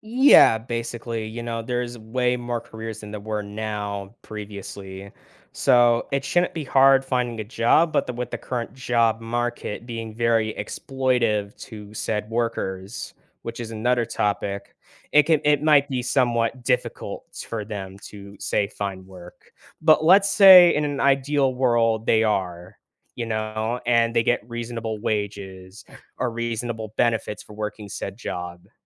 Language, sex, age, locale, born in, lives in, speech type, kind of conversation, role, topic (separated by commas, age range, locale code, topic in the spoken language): English, male, 18-19, en-US, United States, United States, conversation, podcast, guest, What helps people find the right career path for themselves?